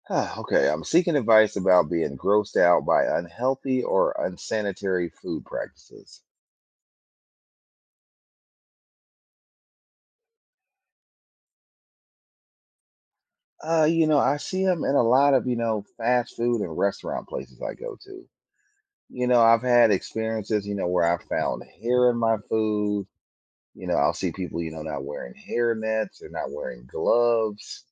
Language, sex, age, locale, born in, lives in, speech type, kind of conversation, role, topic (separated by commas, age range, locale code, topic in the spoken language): English, male, 40-44, en-US, United States, United States, conversation, advice, user, How can I address unsanitary food practices I've noticed and keep myself safe?